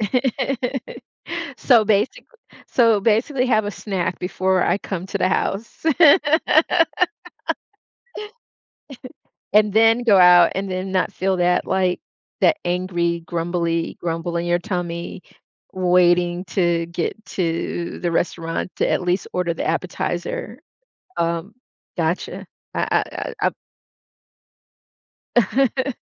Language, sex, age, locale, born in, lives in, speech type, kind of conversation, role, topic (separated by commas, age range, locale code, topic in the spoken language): English, female, 55-59, en-US, United States, United States, conversation, advice, user, How do I address a friend who keeps canceling plans and being disrespectful?